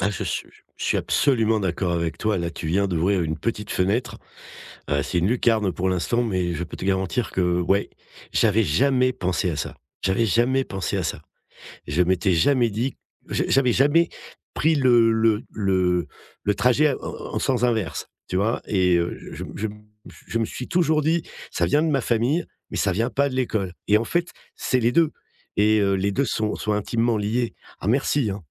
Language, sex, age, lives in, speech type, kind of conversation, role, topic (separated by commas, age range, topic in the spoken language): French, male, 55-59, France, conversation, advice, user, Comment puis-je poser des limites sans culpabiliser quand je me sens obligé(e) de toujours faire plaisir à mes amis ?